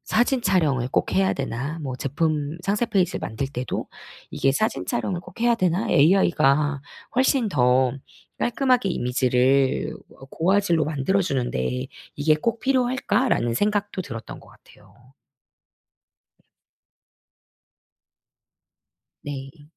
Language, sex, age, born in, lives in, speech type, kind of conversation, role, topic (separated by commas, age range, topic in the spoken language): Korean, female, 40-44, South Korea, South Korea, conversation, podcast, guest, AI 도구가 일상 업무를 어떻게 바꿨나요?